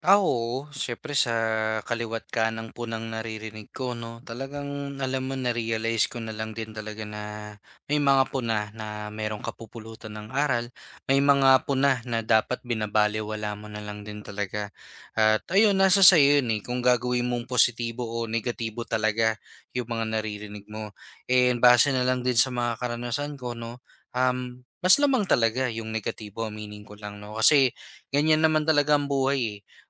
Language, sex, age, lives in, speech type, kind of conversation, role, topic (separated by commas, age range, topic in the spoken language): Filipino, male, 25-29, Philippines, conversation, advice, user, Paano ako makakakuha ng tapat at kapaki-pakinabang na puna?